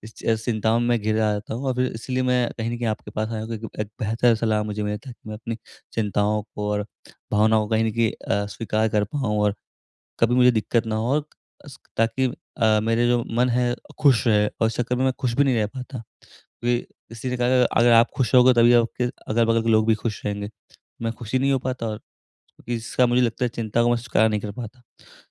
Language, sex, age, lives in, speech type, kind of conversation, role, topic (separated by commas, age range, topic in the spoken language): Hindi, male, 20-24, India, conversation, advice, user, मैं अपनी चिंता और भावनाओं को सहजता से कैसे स्वीकार कर सकता/सकती हूँ?